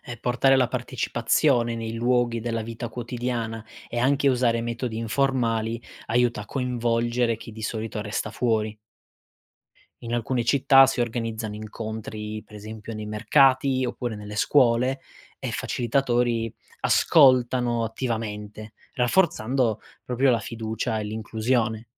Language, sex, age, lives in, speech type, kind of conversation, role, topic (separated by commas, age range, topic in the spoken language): Italian, male, 25-29, Italy, read, expert-assistant, expert, Quando si parla di 'astensionismo elettorale', che cosa si intende e quali motivi possono portare a non votare?